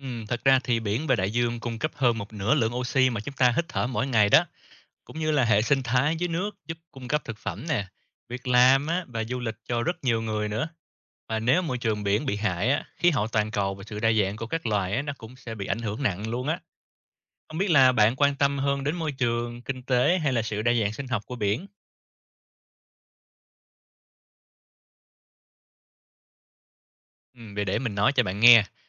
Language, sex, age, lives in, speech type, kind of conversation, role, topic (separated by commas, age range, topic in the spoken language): Vietnamese, male, 30-34, Vietnam, read, expert-assistant, expert, Vì sao cần bảo vệ biển và đại dương?